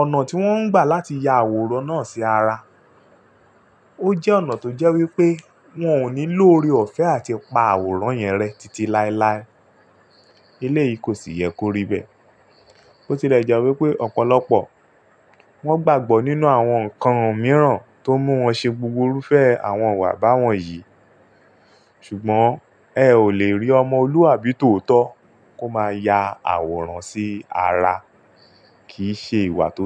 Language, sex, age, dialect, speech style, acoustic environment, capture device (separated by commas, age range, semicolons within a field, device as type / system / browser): Yoruba, male, 35-44, Nigeria - Lagos Yoruba, relaxed; focused, silence, mobile / Linux / Chrome